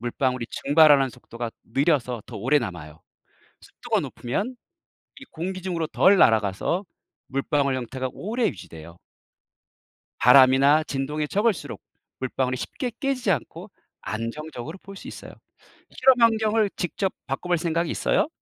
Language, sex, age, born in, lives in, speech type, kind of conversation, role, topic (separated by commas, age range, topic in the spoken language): Korean, male, 50-54, South Korea, South Korea, read, expert-assistant, expert, 물방울은 왜 둥근 모양일까요?